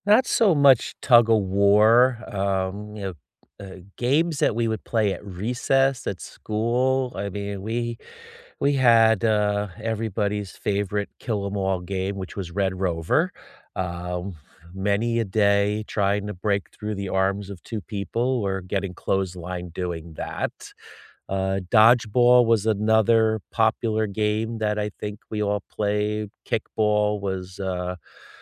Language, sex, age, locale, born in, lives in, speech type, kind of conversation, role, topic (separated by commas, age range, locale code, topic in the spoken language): English, male, 50-54, en-US, United States, United States, conversation, unstructured, none, What childhood game or pastime do you still secretly love?